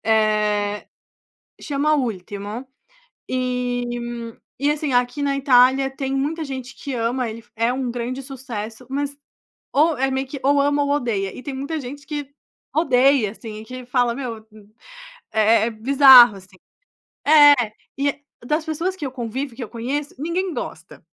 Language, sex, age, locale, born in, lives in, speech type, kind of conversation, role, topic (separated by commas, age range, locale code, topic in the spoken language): Portuguese, female, 25-29, pt-BR, Brazil, Italy, conversation, podcast, guest, Como você se perdoa quando erra?